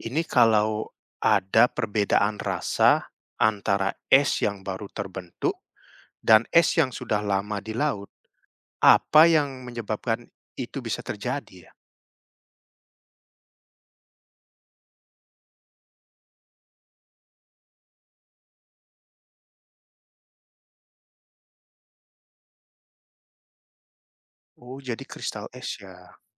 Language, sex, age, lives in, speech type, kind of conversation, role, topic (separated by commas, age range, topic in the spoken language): Indonesian, male, 30-34, Netherlands, read, expert-assistant, asker, Mengapa es mengapung di atas air?